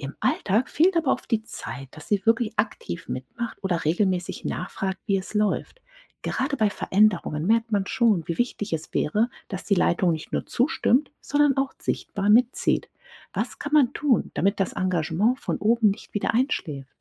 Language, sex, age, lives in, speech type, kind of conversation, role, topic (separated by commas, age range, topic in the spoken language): German, female, 40-44, United States, read, expert-assistant, asker, Wie kann Stress im Pflegeteam durch bessere Abläufe und Kommunikation reduziert werden?